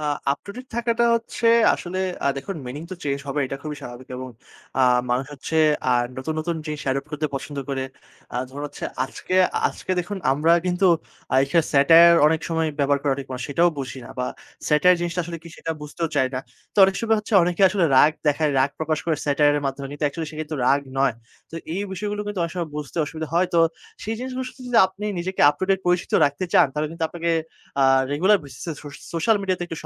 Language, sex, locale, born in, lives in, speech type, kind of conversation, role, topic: Bengali, male, bn-BD, Bangladesh, Bangladesh, conversation, podcast, guest, ইমোজি বা স্টিকার ব্যবহার কি কথার অর্থ বা টোনকে বিভ্রান্ত করে?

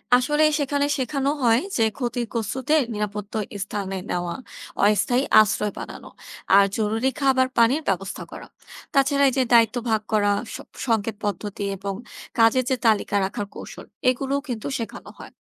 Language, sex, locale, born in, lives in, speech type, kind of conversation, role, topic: Bengali, female, bn-BD, Bangladesh, Bangladesh, read, expert-assistant, expert, নদীর পার ভাঙন রোধে আমরা বাস্তবে কী কী উপায় ব্যবহার করতে পারি?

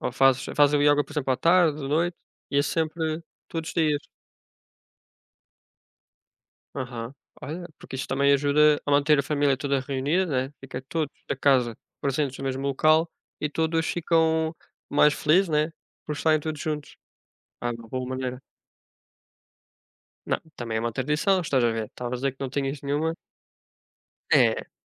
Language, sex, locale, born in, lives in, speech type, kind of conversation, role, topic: Portuguese, male, pt-PT, Portugal, Portugal, conversation, unstructured, none, Como você descreveria sua relação com sua família?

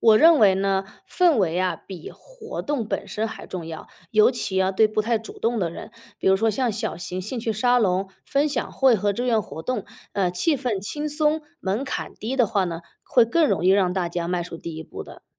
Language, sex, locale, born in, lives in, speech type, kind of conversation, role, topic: Chinese, female, zh-CN, China, United States, read, expert-assistant, expert, 假如你来规划一个健康友好的小区，会有哪些新奇或有趣的想法？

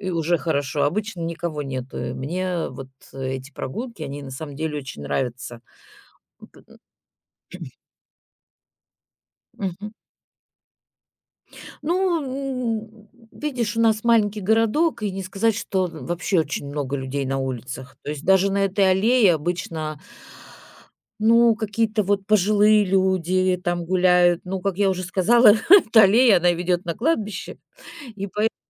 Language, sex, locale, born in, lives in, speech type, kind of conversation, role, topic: Russian, female, ru-RU, Russia, Italy, conversation, podcast, guest, Как прогулки в парке помогают справляться со стрессом?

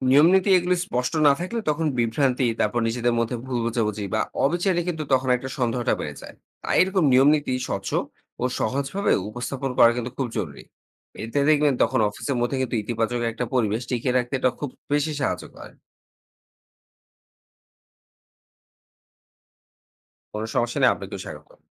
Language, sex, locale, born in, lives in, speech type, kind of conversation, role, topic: Bengali, male, bn-BD, Bangladesh, Bangladesh, read, expert-assistant, expert, মানুষ প্রায়ই বলে, সমাজে সবাই সমান সুযোগ পায়—এটা কি আসলেই বাস্তবে ঘটে, না শুধু কথার কথা?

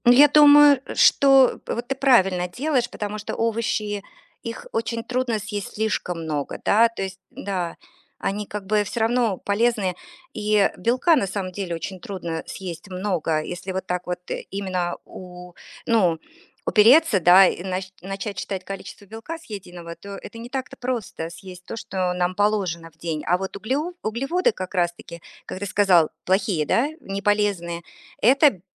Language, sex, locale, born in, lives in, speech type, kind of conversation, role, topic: Russian, female, ru-RU, Russia, United States, conversation, advice, advisor, Как мне вернуться к полезной привычке после срыва?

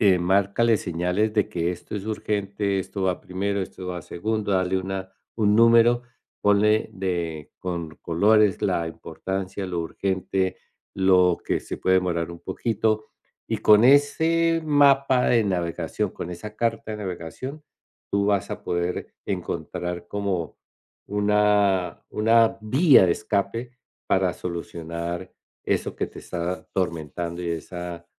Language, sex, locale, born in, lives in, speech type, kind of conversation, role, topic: Spanish, male, es-CO, Colombia, United States, conversation, advice, advisor, ¿Qué puedo hacer si me siento abrumado y con mucha urgencia por todo lo que tengo que hacer?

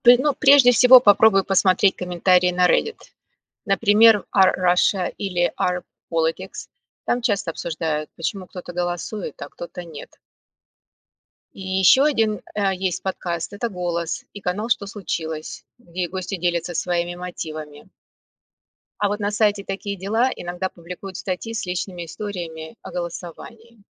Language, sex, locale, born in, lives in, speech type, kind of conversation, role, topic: Russian, female, ru-RU, Russia, United States, read, expert-assistant, expert, Есть ли у тебя любимые места или каналы, где люди делятся историями о том, почему решают идти голосовать или нет?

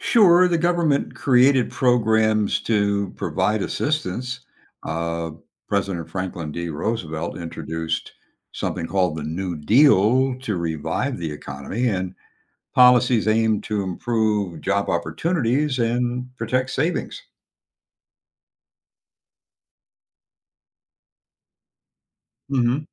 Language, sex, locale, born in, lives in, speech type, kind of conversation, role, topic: English, male, en-US, United States, United States, read, expert-assistant, expert, Can you explain how the stock market crash of 1929 affected society?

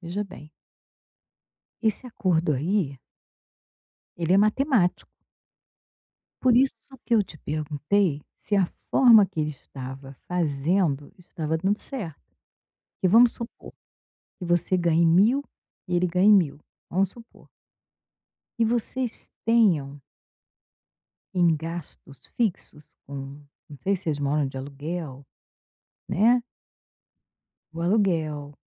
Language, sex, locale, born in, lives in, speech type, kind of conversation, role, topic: Portuguese, female, pt-BR, Brazil, Portugal, conversation, advice, advisor, Como lidar com uma briga com seu parceiro sobre prioridades financeiras e a divisão de gastos?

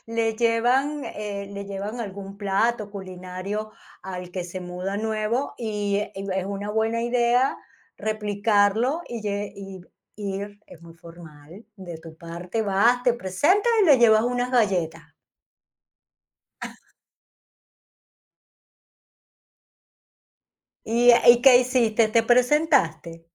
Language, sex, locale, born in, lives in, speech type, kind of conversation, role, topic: Spanish, female, es-VE, Spain, Spain, conversation, podcast, host, ¿Qué consejos le darías a alguien que acaba de llegar a un barrio nuevo?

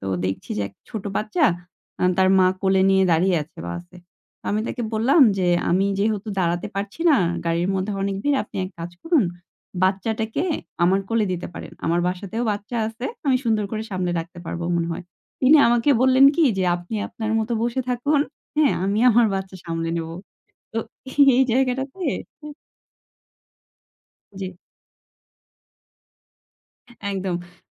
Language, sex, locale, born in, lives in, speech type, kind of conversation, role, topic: Bengali, female, bn-BD, Bangladesh, Bangladesh, conversation, podcast, guest, কাউকে সাহায্য করার পর হঠাৎ কী আশ্চর্য ফল দেখেছো?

